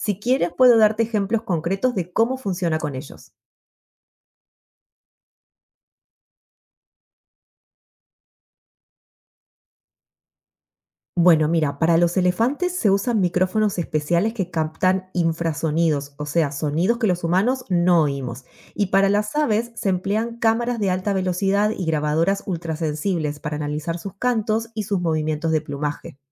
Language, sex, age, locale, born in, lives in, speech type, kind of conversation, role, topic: Spanish, female, 35-39, es-AR, Argentina, United States, read, expert-assistant, expert, ¿Cómo cambiaría el mundo si los humanos pudieran comunicarse con los delfines y otras especies marinas inteligentes?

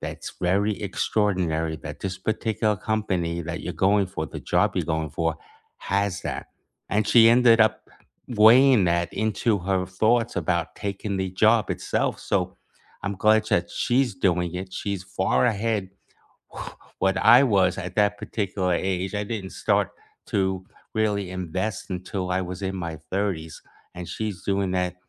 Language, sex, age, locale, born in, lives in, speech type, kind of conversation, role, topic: English, male, 65-69, en-US, United States, United States, conversation, unstructured, none, What class do you wish your school had offered when you were younger, and how might it have changed your life?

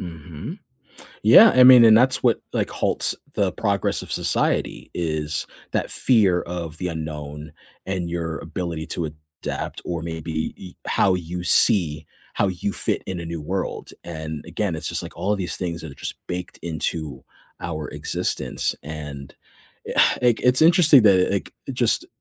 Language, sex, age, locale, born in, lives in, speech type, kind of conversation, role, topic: English, male, 40-44, en-US, United States, United States, conversation, unstructured, none, How does technology shape your sense of access and belonging in everyday life?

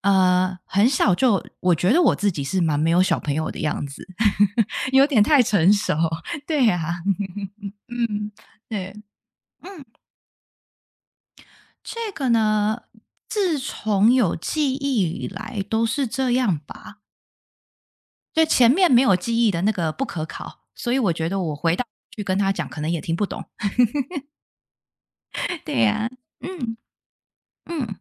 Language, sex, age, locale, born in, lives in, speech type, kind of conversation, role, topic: Chinese, female, 30-34, zh-TW, Taiwan, United States, conversation, unstructured, none, 如果可以回到过去，你会对小时候的自己说些什么？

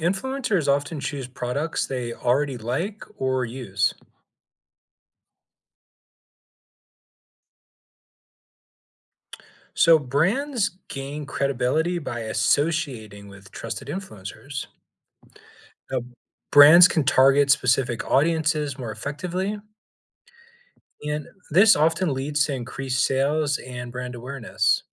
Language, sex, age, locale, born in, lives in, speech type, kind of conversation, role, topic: English, male, 40-44, en-US, United States, United States, read, expert-assistant, expert, Explain how influencers shape the way products are marketed.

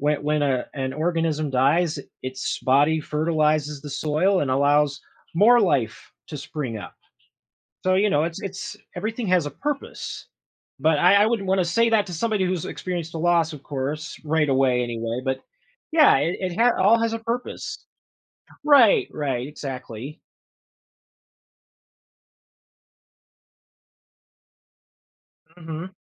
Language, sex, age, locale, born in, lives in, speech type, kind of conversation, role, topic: English, male, 30-34, en-US, United States, United States, conversation, podcast, guest, What helps people rebuild a sense of hope after going through difficult times?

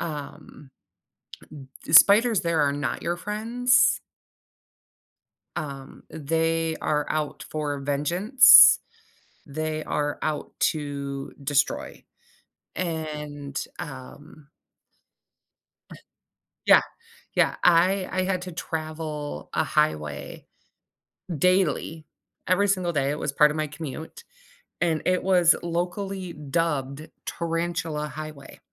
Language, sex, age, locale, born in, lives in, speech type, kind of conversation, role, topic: English, female, 35-39, en-US, United States, United States, conversation, podcast, host, How can travel shape who we become and the way we see the world?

